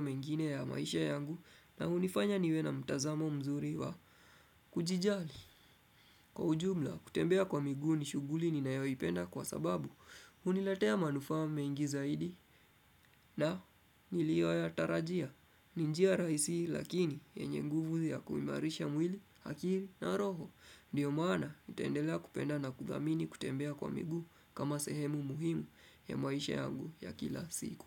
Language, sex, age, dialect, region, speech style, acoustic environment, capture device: Swahili, male, 25-34, Kenya - Nairobi Sheng-influenced, Kenya, focused, nature; appliances, desktop / Windows / Chrome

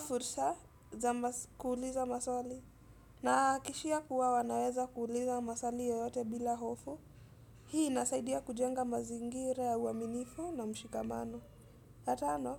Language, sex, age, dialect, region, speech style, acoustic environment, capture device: Swahili, female, 18-24, Kenya - Mombasa, Kenya, happy, silence, desktop / Windows / Edge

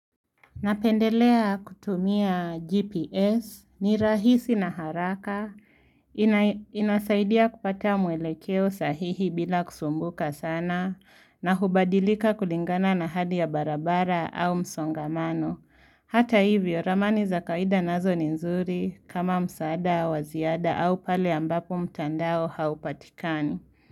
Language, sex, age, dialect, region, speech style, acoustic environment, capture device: Swahili, female, 18-24, Kenya - Nairobi Sheng-influenced, Kenya, focused; relaxed, silence, mobile / Linux / Chrome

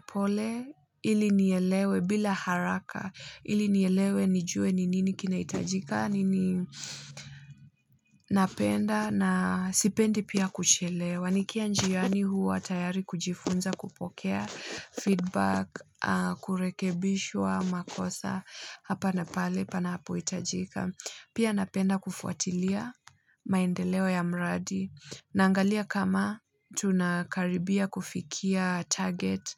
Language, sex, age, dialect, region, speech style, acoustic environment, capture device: Swahili, female, 25-34, Kenya - Nairobi Sheng-influenced, Kenya, happy; excited; focused; nervous; anxious, people talking; nature; silence; music, mobile / Linux / Chrome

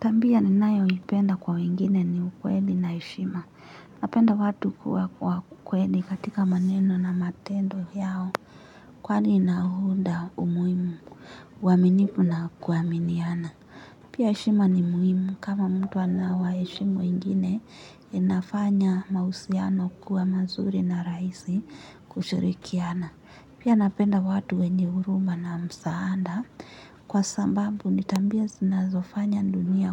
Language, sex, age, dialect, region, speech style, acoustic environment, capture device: Swahili, female, 18-24, Kenya - Nairobi Sheng-influenced, Kenya, tired, appliances; nature, mobile / Linux / Chrome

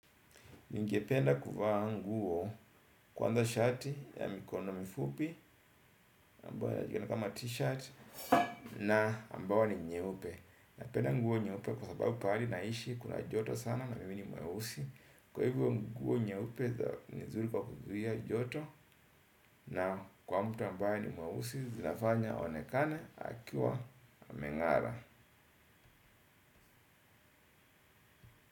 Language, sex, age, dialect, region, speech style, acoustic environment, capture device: Swahili, male, 35-44, Kenya - Mombasa, Kenya, happy, nature, desktop / Windows / Edge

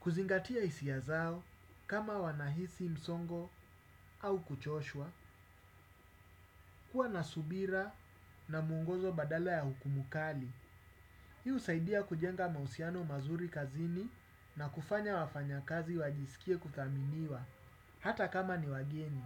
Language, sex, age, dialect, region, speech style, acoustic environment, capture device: Swahili, male, 35-44, Kenya - Nairobi Sheng-influenced, Kenya, focused, appliances; silence, desktop / Windows / Chrome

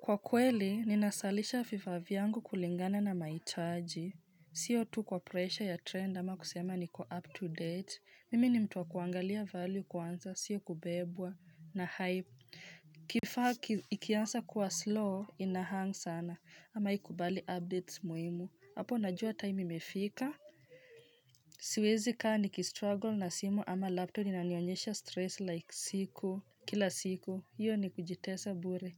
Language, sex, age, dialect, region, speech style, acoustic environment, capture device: Swahili, female, 25-34, Kenya - Nairobi Sheng-influenced, Kenya, happy, silence, mobile / Linux / Chrome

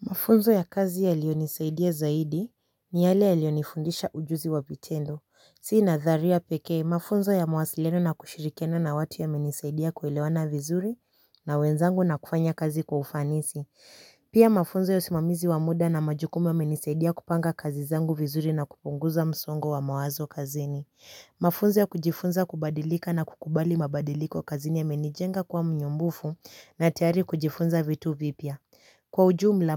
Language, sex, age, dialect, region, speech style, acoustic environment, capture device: Swahili, female, 18-24, Kenya - Nairobi Sheng-influenced, Kenya, excited, silence, mobile / Linux / Chrome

